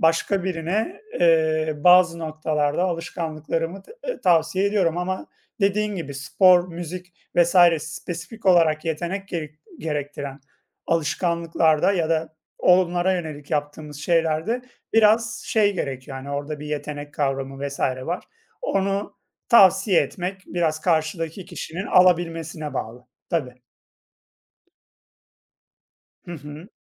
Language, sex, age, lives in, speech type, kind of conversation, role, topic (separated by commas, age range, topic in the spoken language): Turkish, male, 30-34, Poland, conversation, unstructured, none, Her gün mutlaka yaptığınız küçük ama önemli bir alışkanlık nedir?